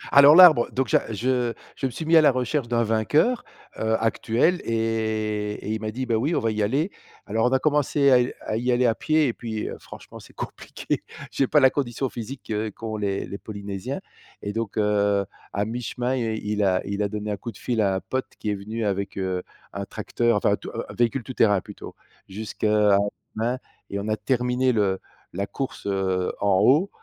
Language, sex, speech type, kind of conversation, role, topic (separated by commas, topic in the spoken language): French, male, conversation, unstructured, none, As-tu déjà planté un arbre, et comment ça s’est passé ?